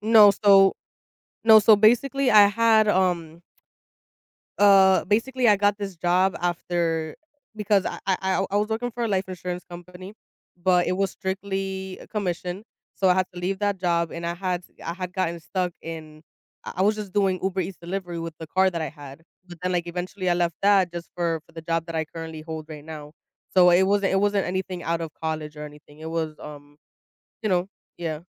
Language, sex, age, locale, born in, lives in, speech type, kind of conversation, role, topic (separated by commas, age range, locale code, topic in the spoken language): English, female, 25-29, en-US, United States, United States, conversation, advice, user, Should I buy a home now or keep renting given my current financial situation?